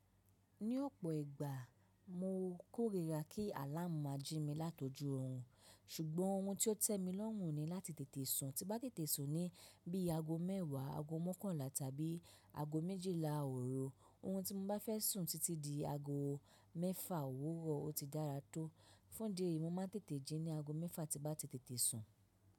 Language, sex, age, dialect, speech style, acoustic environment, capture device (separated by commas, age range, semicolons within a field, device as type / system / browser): Yoruba, female, 25-34, Nigeria - Lagos Yoruba, relaxed; focused, nature, mobile / macOS / Safari